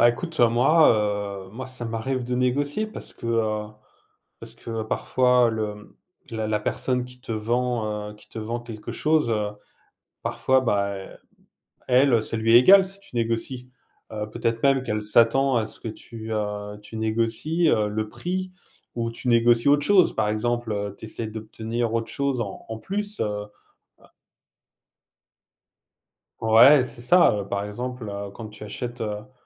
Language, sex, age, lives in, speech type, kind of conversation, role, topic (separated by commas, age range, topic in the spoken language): French, male, 45-49, France, conversation, unstructured, none, Est-il acceptable de négocier les prix partout où l’on va ?